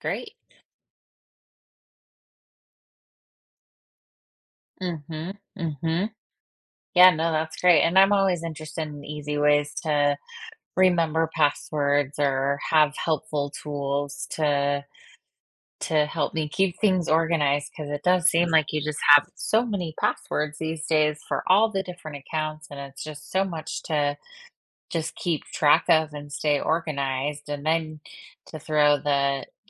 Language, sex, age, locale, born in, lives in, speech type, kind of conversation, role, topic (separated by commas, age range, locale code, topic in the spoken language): English, female, 40-44, en-US, United States, United States, conversation, advice, user, How can I protect my online accounts and manage my passwords securely?